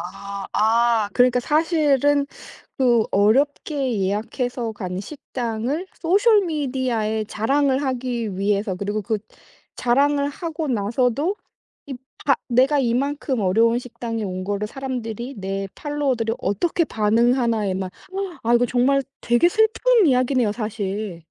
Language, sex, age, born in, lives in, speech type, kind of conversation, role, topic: Korean, female, 40-44, South Korea, United States, conversation, podcast, host, 소셜 미디어가 인간관계에 어떤 영향을 미친다고 생각하시나요?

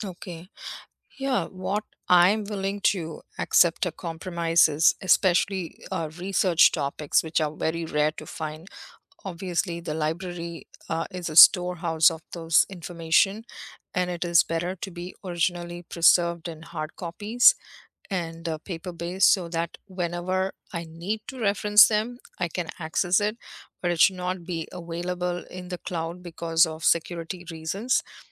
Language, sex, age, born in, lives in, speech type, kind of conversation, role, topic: English, female, 50-54, India, United States, conversation, unstructured, none, Should libraries prioritize digital collections over physical books in the digital age?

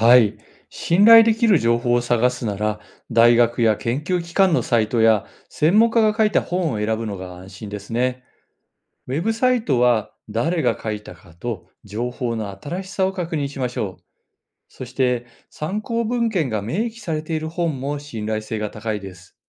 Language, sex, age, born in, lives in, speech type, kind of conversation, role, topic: Japanese, male, 55-59, Japan, Japan, read, expert-assistant, expert, 「ネットや本で認知心理学のことを調べるとき、信頼できるものをどう見分ければいいですか？」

